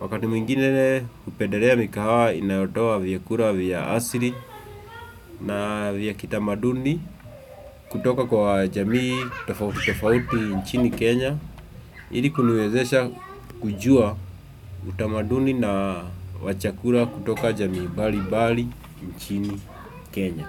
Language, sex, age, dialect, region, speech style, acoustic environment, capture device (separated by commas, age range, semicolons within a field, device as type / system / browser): Swahili, male, 25-34, Kenya - Nairobi Sheng-influenced, Kenya, relaxed, nature, desktop / Windows / Chrome